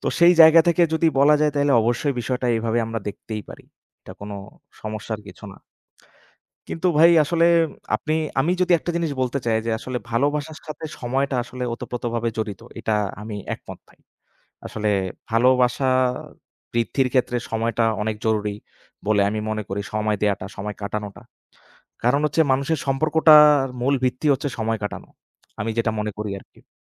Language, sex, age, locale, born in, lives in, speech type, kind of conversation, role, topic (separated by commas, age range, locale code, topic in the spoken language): Bengali, male, 25-29, bn-BD, Bangladesh, Bangladesh, conversation, unstructured, none, ভালোবাসার মানুষের সঙ্গে একসঙ্গে সময় কাটানো কীভাবে সম্পর্ক গড়ে তোলে?